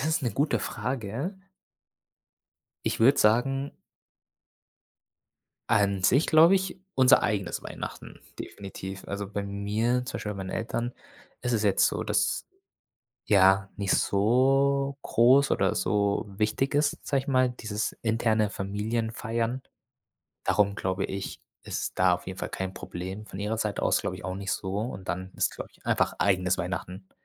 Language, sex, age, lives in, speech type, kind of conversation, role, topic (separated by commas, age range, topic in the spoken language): German, male, 25-29, Germany, conversation, podcast, guest, Welche Feiertage feiert ihr besonders gern?